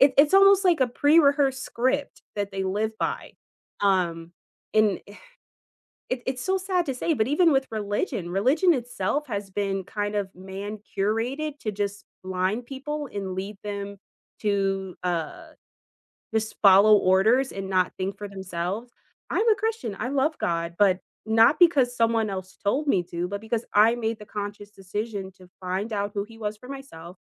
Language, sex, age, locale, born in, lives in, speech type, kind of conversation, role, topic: English, female, 25-29, en-US, United States, United States, conversation, unstructured, none, What do you think causes most political arguments today?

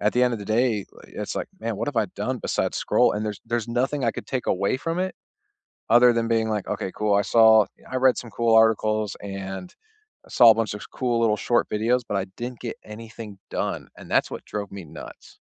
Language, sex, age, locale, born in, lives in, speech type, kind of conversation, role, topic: English, male, 35-39, en-US, United States, United States, conversation, unstructured, none, What small daily habits make you feel your best?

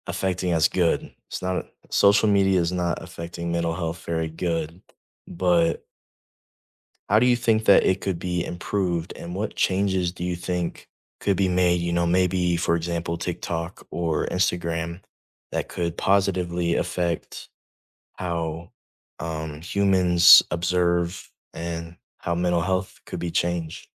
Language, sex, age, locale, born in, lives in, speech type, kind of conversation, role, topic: English, male, 18-19, en-US, United States, United States, conversation, unstructured, none, How does social media affect our mental health?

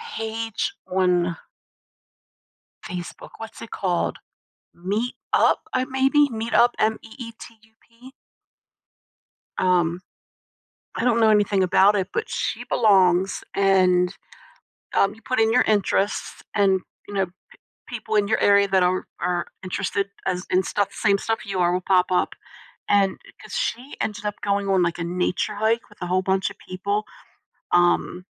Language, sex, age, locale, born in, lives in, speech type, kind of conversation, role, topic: English, female, 60-64, en-US, United States, United States, conversation, advice, advisor, How can I deepen my friendships and build more meaningful connections?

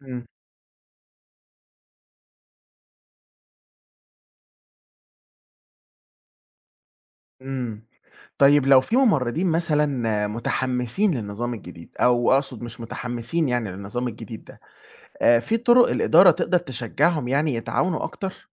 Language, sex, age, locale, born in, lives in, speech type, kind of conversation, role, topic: Arabic, male, 20-24, ar-EG, Egypt, Egypt, read, expert-assistant, asker, إزاي الممرضين يقدروا يتعاملوا مع نقص الإمكانيات أو الأدوات في الشغل؟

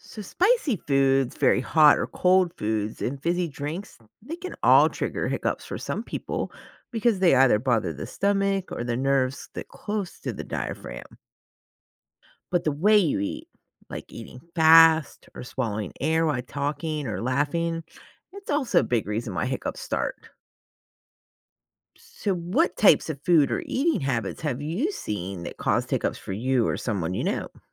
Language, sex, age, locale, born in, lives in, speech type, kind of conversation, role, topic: English, female, 40-44, en-US, United States, United States, read, expert-assistant, expert, What causes hiccups in humans?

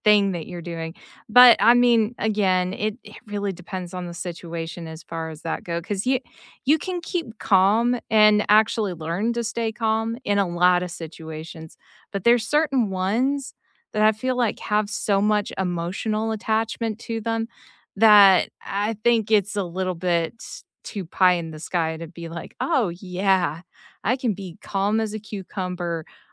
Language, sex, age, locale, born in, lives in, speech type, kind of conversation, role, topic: English, female, 35-39, en-US, United States, United States, conversation, unstructured, none, How can disagreements sometimes lead to better understanding?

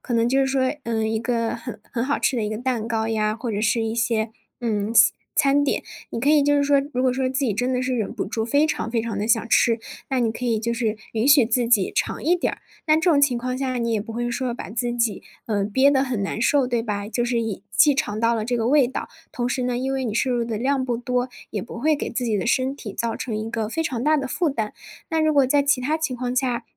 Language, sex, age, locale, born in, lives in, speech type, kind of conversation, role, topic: Chinese, female, 20-24, zh-CN, China, Germany, conversation, advice, advisor, 在聚会社交时，我该如何礼貌而坚定地拒绝不健康的食物？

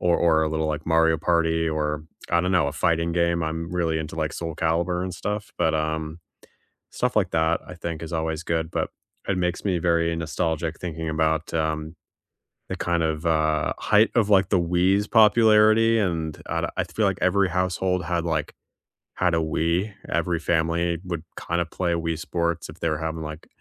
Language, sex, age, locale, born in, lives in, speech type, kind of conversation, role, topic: English, male, 30-34, en-US, United States, United States, conversation, unstructured, none, What video, board, or party games do you swear by for game night?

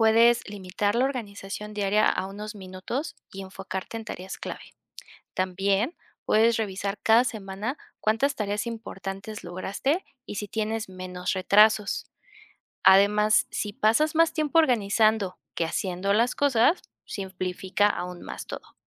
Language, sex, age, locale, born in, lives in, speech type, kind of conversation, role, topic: Spanish, female, 25-29, es-MX, Mexico, Mexico, read, expert-assistant, expert, ¿Cómo puedo saber si un libro de productividad realmente está basado en evidencia y no solo en opiniones personales?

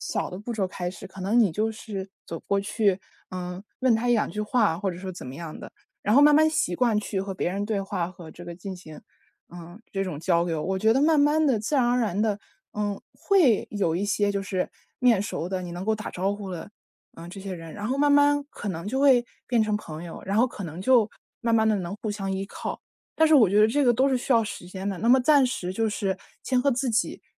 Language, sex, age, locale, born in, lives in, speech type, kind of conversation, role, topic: Chinese, female, 20-24, zh-CN, China, United States, conversation, advice, advisor, 离别后我该如何处理自己的情绪？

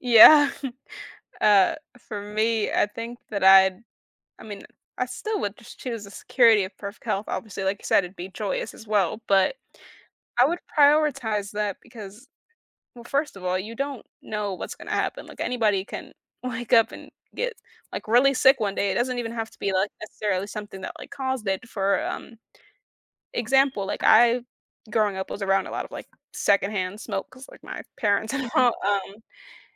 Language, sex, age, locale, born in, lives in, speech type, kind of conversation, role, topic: English, female, 20-24, en-US, United States, United States, conversation, unstructured, none, Would you rather always have perfect weather or always have perfect health?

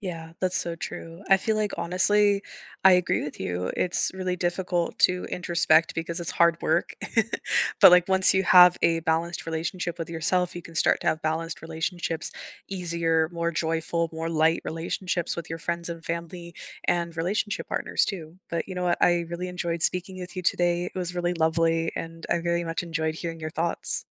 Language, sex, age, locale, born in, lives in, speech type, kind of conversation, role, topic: English, female, 25-29, en-US, United States, Canada, conversation, unstructured, none, How could you redesign the way you keep up with friends so it feels easier and more joyful?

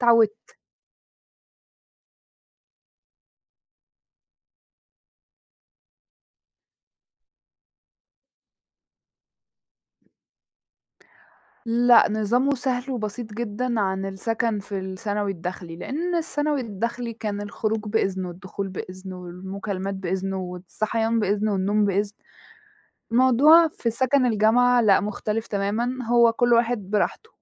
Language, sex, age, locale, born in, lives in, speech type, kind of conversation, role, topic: Arabic, female, 20-24, ar-EG, Egypt, Egypt, conversation, podcast, guest, إيه هو روتين صباحي صحي بتعمله وبيحسّن يومك؟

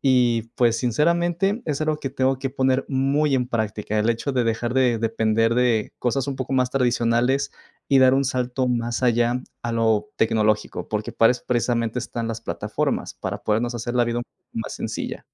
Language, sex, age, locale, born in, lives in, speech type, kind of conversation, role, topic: Spanish, male, 25-29, es-MX, Mexico, Mexico, conversation, advice, user, ¿Por qué me cuesta organizar mis viajes y vacaciones?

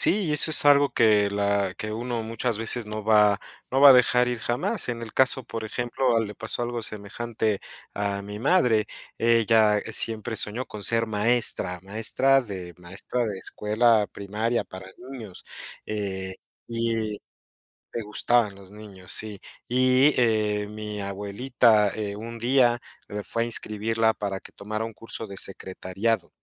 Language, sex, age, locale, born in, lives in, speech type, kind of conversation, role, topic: Spanish, male, 35-39, es-MX, Mexico, Mexico, conversation, unstructured, none, ¿Cómo eliges tu carrera profesional?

